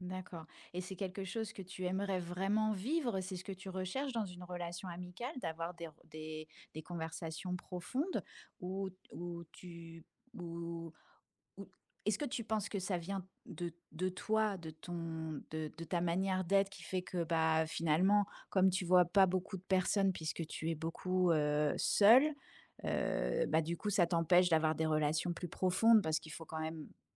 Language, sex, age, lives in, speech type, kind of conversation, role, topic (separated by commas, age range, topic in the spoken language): French, female, 45-49, France, conversation, advice, advisor, Comment vous êtes-vous adapté(e) à votre déménagement dans une nouvelle ville, et pourquoi est-il difficile d’y créer un réseau social ?